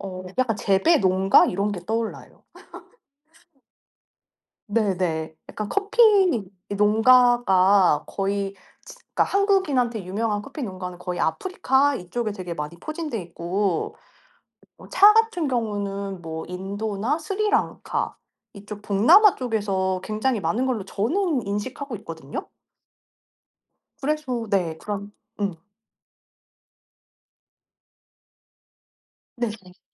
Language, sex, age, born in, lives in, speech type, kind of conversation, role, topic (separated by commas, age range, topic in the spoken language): Korean, female, 35-39, South Korea, South Korea, conversation, unstructured, none, 커피와 차 중 어느 쪽을 더 좋아하시나요?